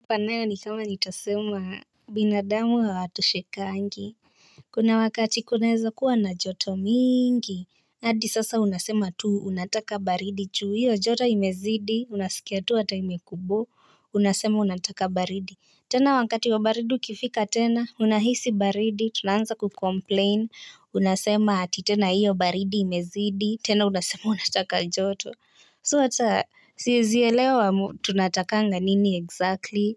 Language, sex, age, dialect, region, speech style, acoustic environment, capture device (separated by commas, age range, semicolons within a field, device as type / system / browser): Swahili, female, 18-24, Kenya - Nairobi Sheng-influenced, Kenya, relaxed, silence, mobile / Linux / Chrome